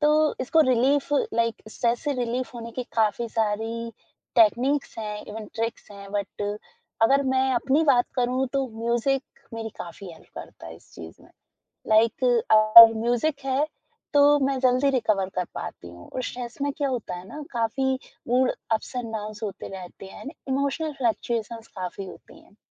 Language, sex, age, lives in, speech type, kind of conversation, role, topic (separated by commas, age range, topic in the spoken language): Hindi, female, 20-24, India, conversation, podcast, guest, Stress hone par tumhara sabse pasandida timepass kya hota hai?